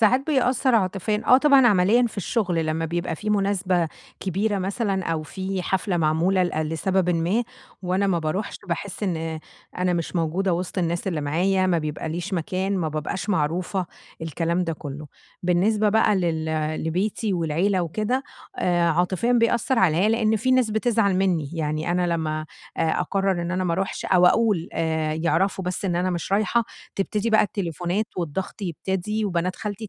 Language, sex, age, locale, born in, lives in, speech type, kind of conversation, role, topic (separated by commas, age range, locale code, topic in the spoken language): Arabic, female, 30-34, ar-EG, Egypt, Egypt, conversation, advice, user, إزاي أتعامل مع الضغط اللي بيخلّيني أحس إني لازم أحضر كل المناسبات الاجتماعية؟